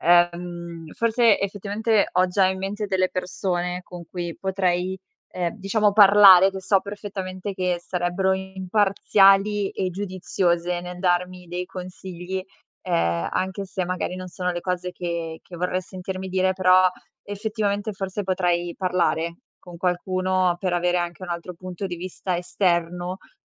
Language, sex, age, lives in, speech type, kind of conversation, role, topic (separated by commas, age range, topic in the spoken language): Italian, female, 25-29, Italy, conversation, advice, user, Come posso gestire la paura del futuro e capire quali scelte fare adesso?